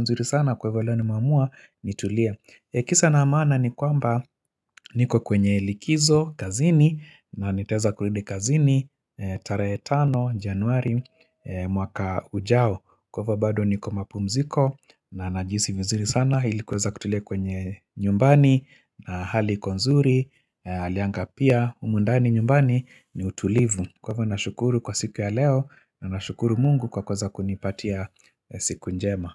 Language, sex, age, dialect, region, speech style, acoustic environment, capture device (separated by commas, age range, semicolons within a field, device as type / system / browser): Swahili, male, 45-54, Kenya - Nairobi Sheng-influenced, Kenya, happy; focused; excited; relaxed, silence, mobile / Linux / Chrome